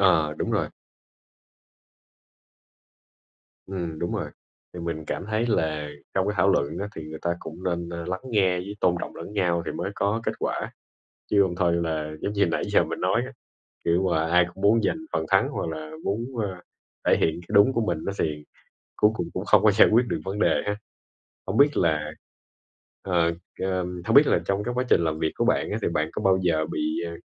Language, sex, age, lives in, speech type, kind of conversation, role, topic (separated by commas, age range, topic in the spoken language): Vietnamese, male, 25-29, Vietnam, conversation, unstructured, none, Bạn có cho rằng thảo luận là cách tốt nhất để giải quyết xung đột không?